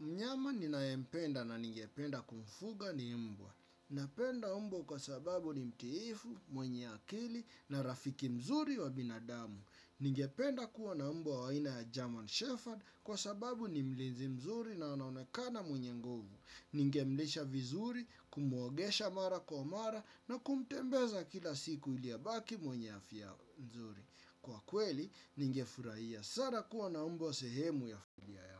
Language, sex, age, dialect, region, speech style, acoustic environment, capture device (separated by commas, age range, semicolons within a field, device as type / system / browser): Swahili, male, 25-34, Kenya - Nairobi Sheng-influenced, Kenya, relaxed, silence, desktop / Windows / Chrome